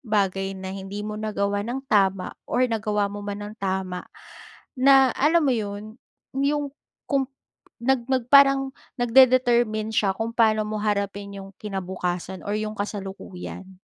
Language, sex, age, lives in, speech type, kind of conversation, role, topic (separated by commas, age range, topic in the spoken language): Filipino, female, 35-39, Philippines, conversation, podcast, guest, Anong kanta ang pinakanaglalarawan sa panahong ito ng buhay mo?